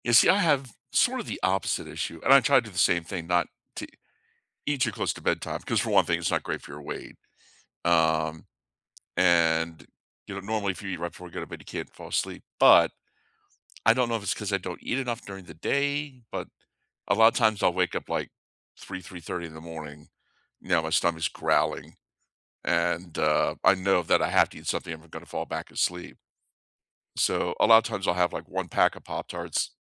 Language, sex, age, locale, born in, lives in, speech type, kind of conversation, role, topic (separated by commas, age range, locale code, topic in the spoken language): English, male, 55-59, en-US, United States, United States, conversation, unstructured, none, What sleep rituals have actually helped you drift off?